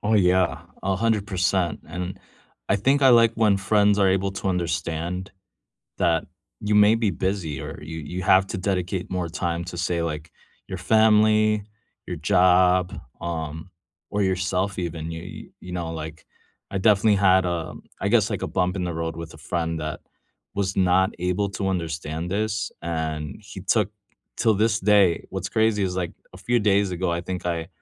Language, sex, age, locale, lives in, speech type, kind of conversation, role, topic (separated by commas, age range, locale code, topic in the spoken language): English, male, 35-39, en-US, United States, conversation, unstructured, none, How do you thoughtfully check in on friends' wellbeing while strengthening your connection?